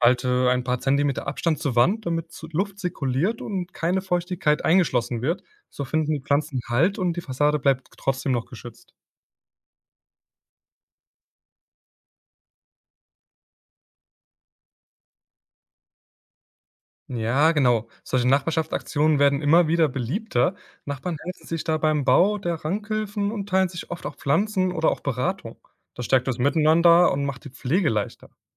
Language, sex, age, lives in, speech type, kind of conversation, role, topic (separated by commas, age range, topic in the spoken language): German, male, 20-24, Germany, read, expert-assistant, expert, Was wären spannende Möglichkeiten, um Städte umweltfreundlicher zu machen, und wie könnten wir solche Veränderungen anstoßen?